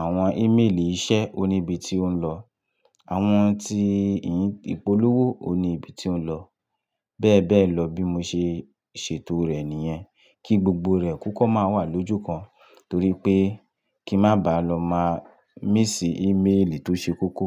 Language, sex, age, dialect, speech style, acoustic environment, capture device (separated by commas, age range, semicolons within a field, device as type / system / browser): Yoruba, male, 25-34, Nigeria - Lagos Yoruba, happy; relaxed, nature, mobile / Linux / Chrome